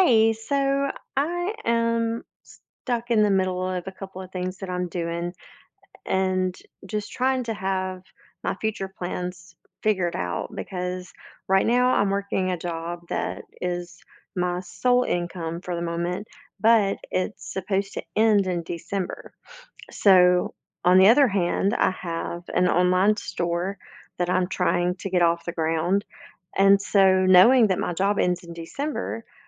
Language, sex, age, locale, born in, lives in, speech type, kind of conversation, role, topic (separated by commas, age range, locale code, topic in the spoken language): English, female, 45-49, en-US, United States, United States, conversation, advice, user, How can I cope with uncertainty about my future plans?